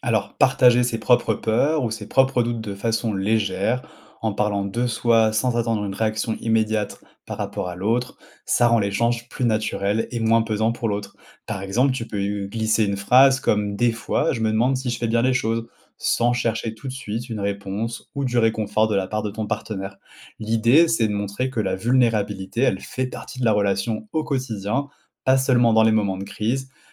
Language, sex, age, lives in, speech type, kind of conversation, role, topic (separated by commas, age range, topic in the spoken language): French, male, 30-34, France, read, expert-assistant, expert, Comment éviter les malentendus quand on parle avec quelqu’un qu’on aime ?